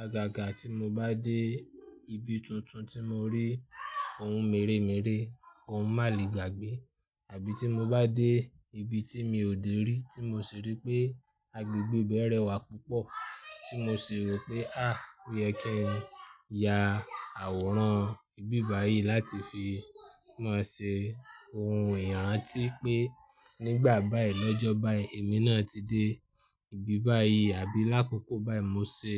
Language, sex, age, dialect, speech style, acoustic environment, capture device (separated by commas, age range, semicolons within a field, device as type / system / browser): Yoruba, male, 25-34, Nigeria - Ibadan, happy; anxious; nervous; excited, people talking; construction; traffic; music, desktop / Linux / Chrome